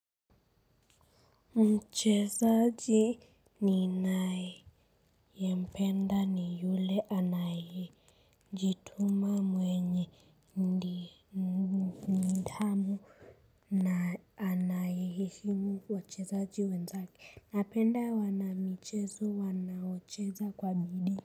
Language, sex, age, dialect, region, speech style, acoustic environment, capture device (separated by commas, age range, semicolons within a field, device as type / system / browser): Swahili, female, 35-44, Kenya - Nairobi Sheng-influenced, Kenya, relaxed, silence, mobile / Linux / Chrome